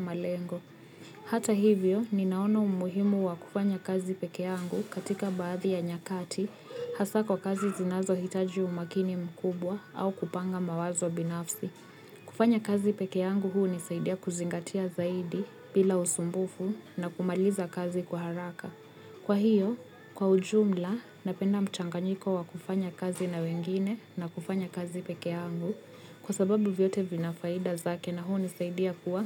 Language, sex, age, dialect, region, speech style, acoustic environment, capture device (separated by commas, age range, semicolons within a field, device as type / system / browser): Swahili, female, 25-34, Kenya - Nairobi Sheng-influenced, Kenya, happy, people talking; music, mobile / Linux / Chrome